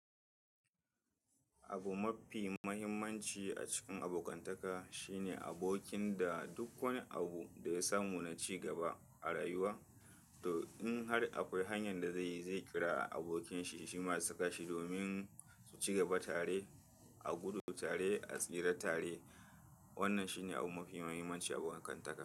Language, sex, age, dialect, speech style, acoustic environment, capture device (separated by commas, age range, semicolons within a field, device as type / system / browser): Hausa, male, 35-44, Nigeria - Kano, happy, people talking, mobile / Linux / Chrome